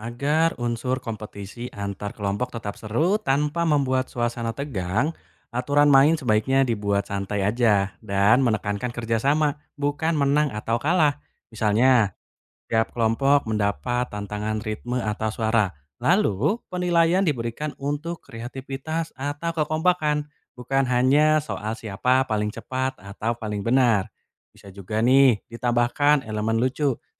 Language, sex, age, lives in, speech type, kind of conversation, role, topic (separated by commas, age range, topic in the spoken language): Indonesian, male, 20-24, Indonesia, read, expert-assistant, expert, Bagaimana cara melibatkan penonton secara aktif dalam acara seni?